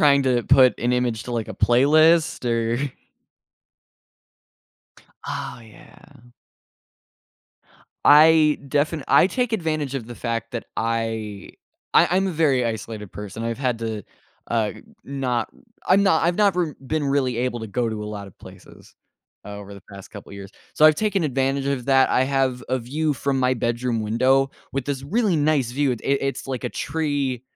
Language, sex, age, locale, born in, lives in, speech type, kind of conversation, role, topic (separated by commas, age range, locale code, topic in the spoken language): English, male, 18-19, en-US, United States, United States, conversation, unstructured, none, What factors influence whether you choose music or podcasts for different moments in your day?